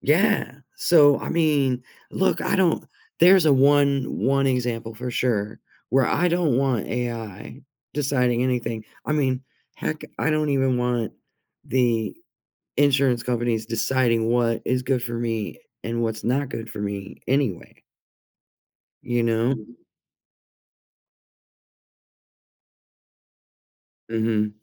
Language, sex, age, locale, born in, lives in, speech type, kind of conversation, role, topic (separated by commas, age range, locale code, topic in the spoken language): English, female, 50-54, en-US, United States, United States, conversation, unstructured, none, How do you think artificial intelligence will change our daily lives in the future?